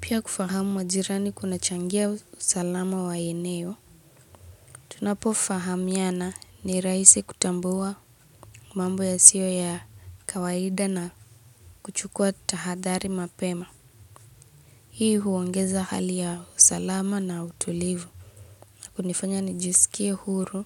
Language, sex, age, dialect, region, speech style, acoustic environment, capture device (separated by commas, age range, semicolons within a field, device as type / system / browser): Swahili, female, 18-24, Kenya - Nairobi Sheng-influenced, Kenya, relaxed, nature, mobile / Linux / Chrome